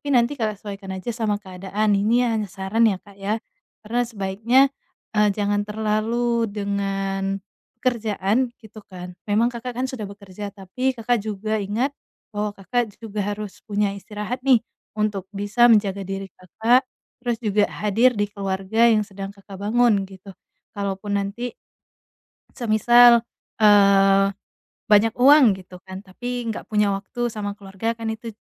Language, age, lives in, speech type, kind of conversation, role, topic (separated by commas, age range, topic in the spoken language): Indonesian, 25-29, Indonesia, conversation, advice, advisor, Bagaimana cara menikmati waktu luang di rumah tanpa merasa gelisah?